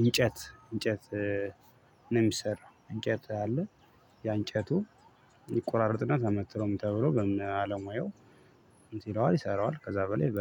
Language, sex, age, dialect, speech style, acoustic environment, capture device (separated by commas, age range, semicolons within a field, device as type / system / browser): Amharic, female, 25-34, Ethiopia - Addis Ababa, happy, silence, mobile / Linux / Chrome